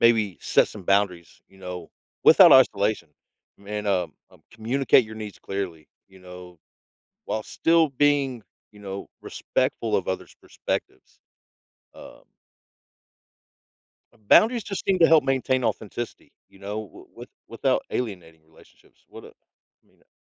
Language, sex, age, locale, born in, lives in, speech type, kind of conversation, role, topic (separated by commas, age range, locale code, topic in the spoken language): English, male, 40-44, en-US, United States, United States, conversation, podcast, guest, How do you navigate staying authentic while managing the expectations of others?